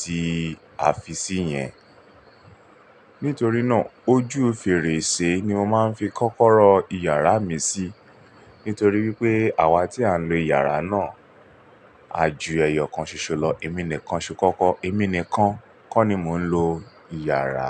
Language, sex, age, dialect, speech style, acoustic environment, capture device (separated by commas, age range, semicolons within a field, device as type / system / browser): Yoruba, male, 35-44, Nigeria - Lagos Yoruba, relaxed; focused, silence, mobile / Linux / Chrome